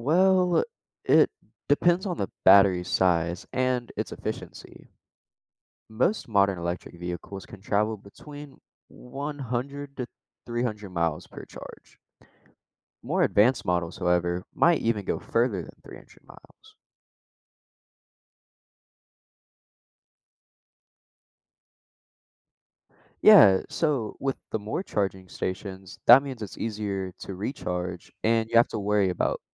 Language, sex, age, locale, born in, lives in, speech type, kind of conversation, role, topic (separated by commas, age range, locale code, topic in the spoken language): English, male, 18-19, en-US, United States, United States, read, expert-assistant, expert, How do electric cars work and what are their benefits?